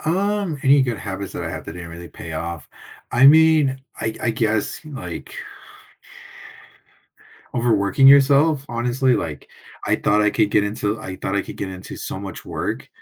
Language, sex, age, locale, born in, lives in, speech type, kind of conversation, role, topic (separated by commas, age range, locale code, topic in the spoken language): English, male, 20-24, en-US, United States, United States, conversation, podcast, guest, What helps people stick with positive habits even when motivation fades?